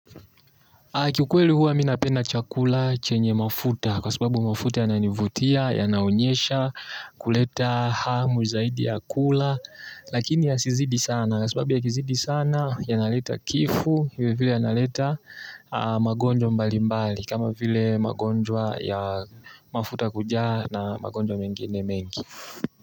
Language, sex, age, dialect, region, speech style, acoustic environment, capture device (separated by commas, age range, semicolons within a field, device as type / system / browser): Swahili, male, 18-24, Tanzania - Dar es Salaam, Tanzania, nervous; relaxed, silence, mobile / Linux / Chrome